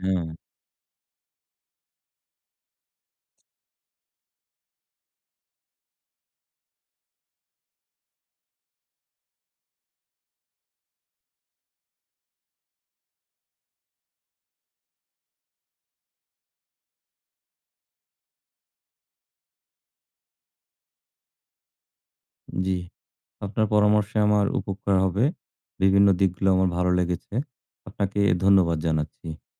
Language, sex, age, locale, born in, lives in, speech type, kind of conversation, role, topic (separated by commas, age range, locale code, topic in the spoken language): Bengali, male, 40-44, bn-BD, Bangladesh, Bangladesh, conversation, advice, user, অবসর নেওয়ার পরে নতুন দৈনন্দিন রুটিন কীভাবে গড়ে তুলবেন?